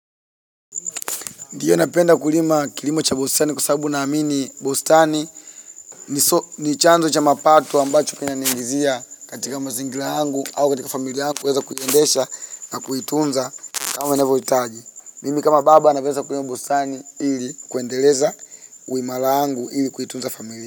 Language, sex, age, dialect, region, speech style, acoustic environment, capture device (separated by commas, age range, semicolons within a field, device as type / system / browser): Swahili, male, 25-34, Tanzania - Dar es Salaam, Tanzania, happy, people talking, mobile / Linux / Chrome